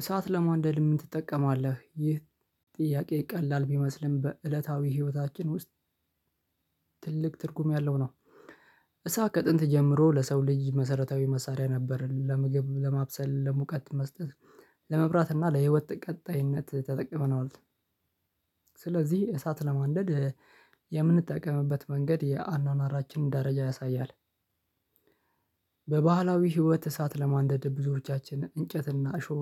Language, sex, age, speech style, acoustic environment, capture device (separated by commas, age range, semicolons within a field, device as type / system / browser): Amharic, male, 25-34, relaxed, silence, mobile / Linux / Chrome